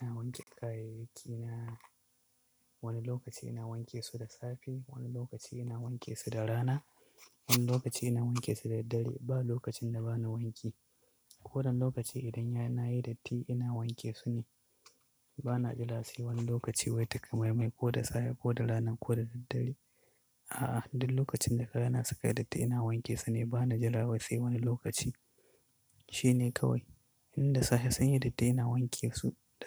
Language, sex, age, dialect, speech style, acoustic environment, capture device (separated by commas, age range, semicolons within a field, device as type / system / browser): Hausa, male, 25-34, Nigeria - Katsina, excited, appliances, mobile / Linux / Chrome